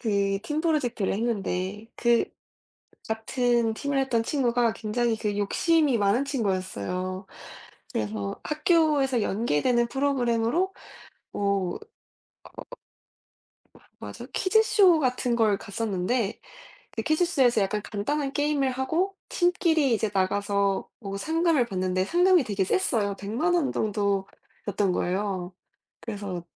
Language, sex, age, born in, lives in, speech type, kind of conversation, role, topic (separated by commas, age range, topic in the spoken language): Korean, female, 20-24, South Korea, United States, conversation, unstructured, none, 친구와 좋은 관계를 오래 유지하려면 무엇이 가장 중요하다고 생각하시나요?